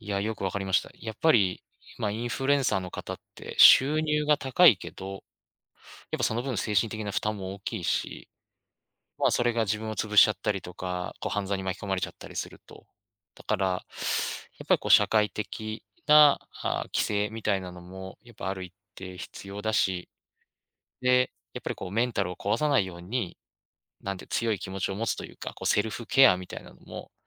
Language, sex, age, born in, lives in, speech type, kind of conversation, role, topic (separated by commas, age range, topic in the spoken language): Japanese, male, 35-39, Japan, Japan, conversation, podcast, host, インフルエンサーが抱える精神的な負担は、どのようにケアすべきですか？